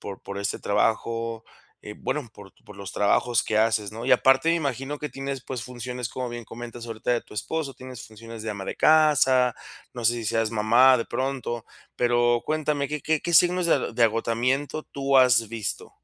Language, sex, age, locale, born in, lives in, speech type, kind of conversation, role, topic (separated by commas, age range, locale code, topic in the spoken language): Spanish, male, 30-34, es-MX, Mexico, Mexico, conversation, advice, advisor, ¿Cómo puedo tomar descansos efectivos para recuperar energía y manejar el agotamiento?